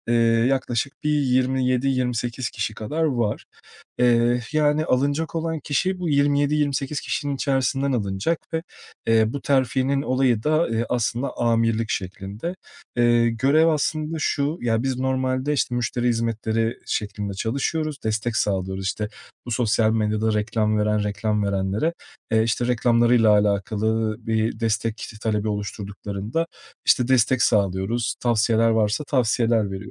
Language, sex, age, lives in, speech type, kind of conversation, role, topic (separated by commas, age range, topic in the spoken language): Turkish, male, 30-34, Portugal, conversation, advice, user, Terfi görüşmesinde kendimi nasıl savunabilirim?